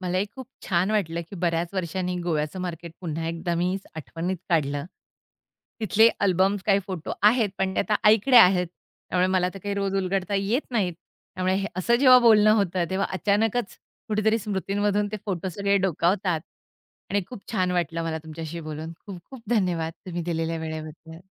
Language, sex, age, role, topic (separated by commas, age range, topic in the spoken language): Marathi, female, 45-49, guest, स्थानिक बाजारातला तुमचा सर्वात आवडता अनुभव काय?